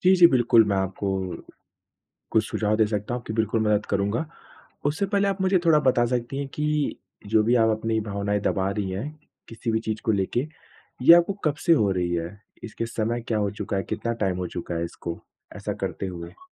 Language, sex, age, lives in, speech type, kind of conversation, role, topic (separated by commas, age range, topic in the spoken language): Hindi, male, 25-29, India, conversation, advice, advisor, दुख होने पर आप अपनी भावनाएँ दबाकर लोगों से दूर क्यों हो जाते हैं?